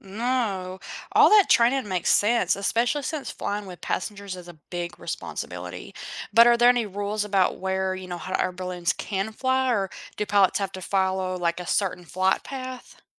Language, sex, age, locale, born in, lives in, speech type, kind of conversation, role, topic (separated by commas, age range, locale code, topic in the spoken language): English, female, 25-29, en-US, United States, United States, read, expert-assistant, asker, How does a hot air balloon rise and fall?